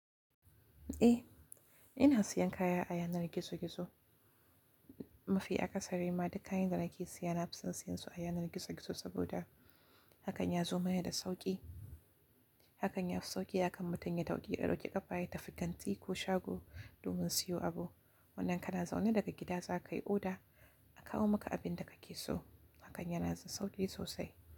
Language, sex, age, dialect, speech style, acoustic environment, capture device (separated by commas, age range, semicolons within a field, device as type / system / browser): Hausa, female, 18-24, Nigeria - Kano, relaxed, silence, mobile / Linux / Chrome